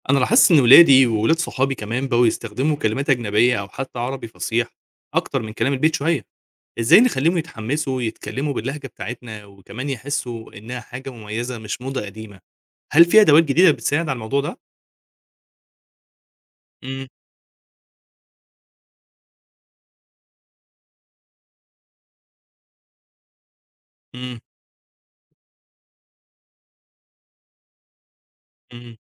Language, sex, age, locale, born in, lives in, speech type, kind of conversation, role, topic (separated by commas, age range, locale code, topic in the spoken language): Arabic, male, 25-29, ar-EG, Egypt, Egypt, read, expert-assistant, asker, إزاي ممكن نطور طرق جديدة علشان نحافظ على اللهجات المحلية في مصر من الاندثار؟